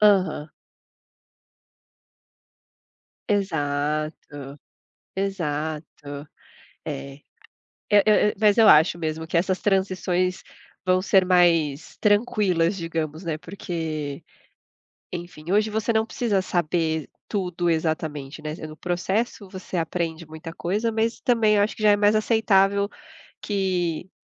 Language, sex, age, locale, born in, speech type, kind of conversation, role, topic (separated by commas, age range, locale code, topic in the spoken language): Portuguese, female, 30-34, pt-BR, Brazil, conversation, unstructured, none, Como você imagina sua carreira daqui a dez anos?